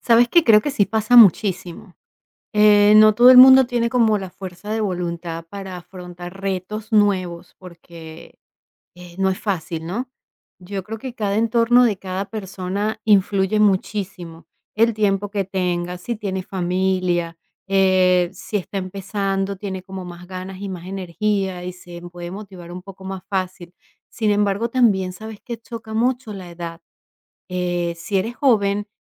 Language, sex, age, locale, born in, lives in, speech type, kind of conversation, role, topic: Spanish, female, 45-49, es-VE, Venezuela, United States, conversation, podcast, guest, ¿Qué le dirías a alguien que perdió las ganas de aprender?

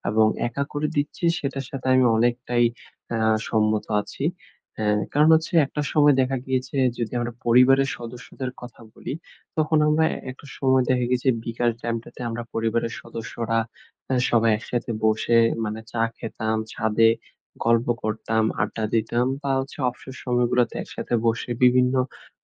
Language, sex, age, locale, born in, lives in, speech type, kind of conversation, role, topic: Bengali, male, 20-24, bn-BD, Bangladesh, Bangladesh, conversation, podcast, guest, আপনি কি মনে করেন, প্রযুক্তি মানুষকে একা করে দেয়?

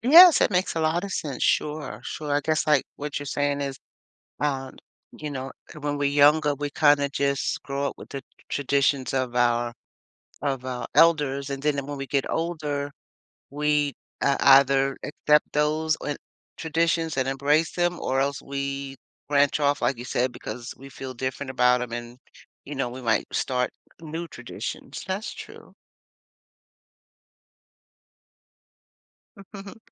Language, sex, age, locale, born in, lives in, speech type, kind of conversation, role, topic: English, female, 60-64, en-US, United States, United States, conversation, podcast, host, In what ways do family or cultural traditions influence who we become?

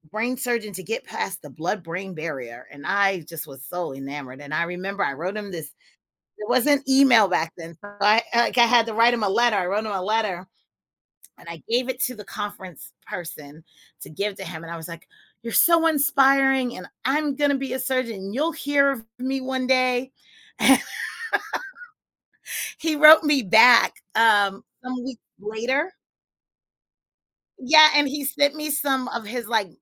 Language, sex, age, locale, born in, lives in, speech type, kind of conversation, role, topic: English, female, 40-44, en-US, United States, United States, conversation, podcast, guest, How have your childhood dreams influenced who you are today?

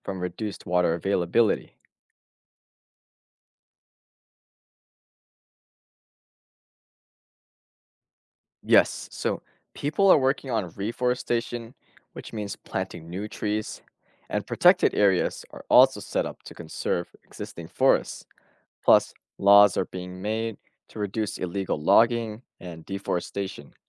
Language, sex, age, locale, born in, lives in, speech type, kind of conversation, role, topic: English, male, 20-24, en-US, United States, United States, read, expert-assistant, expert, How does deforestation impact wildlife populations?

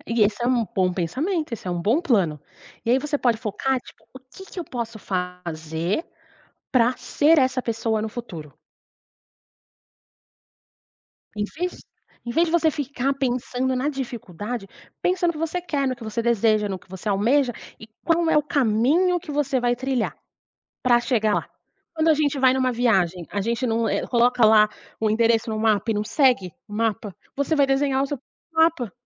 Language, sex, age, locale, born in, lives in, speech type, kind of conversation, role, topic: Portuguese, female, 30-34, pt-BR, Brazil, Portugal, conversation, advice, advisor, Como posso ajudar alguém que está inseguro em relação ao futuro e a grandes mudanças?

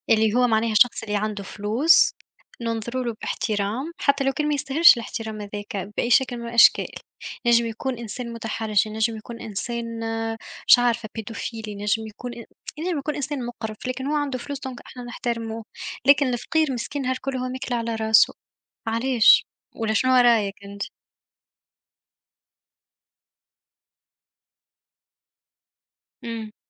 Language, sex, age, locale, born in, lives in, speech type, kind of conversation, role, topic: Arabic, female, 25-29, ar-TN, Tunisia, Germany, conversation, unstructured, none, هل إنت شايف إن التمييز الاجتماعي لسه موجود بقوة؟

